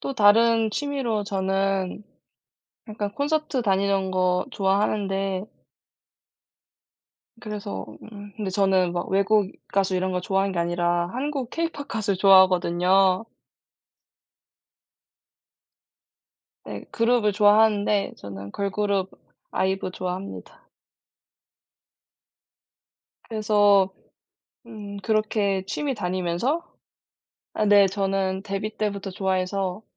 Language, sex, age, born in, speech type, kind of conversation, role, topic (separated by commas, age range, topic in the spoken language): Korean, female, 20-24, South Korea, conversation, unstructured, none, 취미를 하면서 배운 것 중에서 가장 재미있었던 점은 무엇인가요?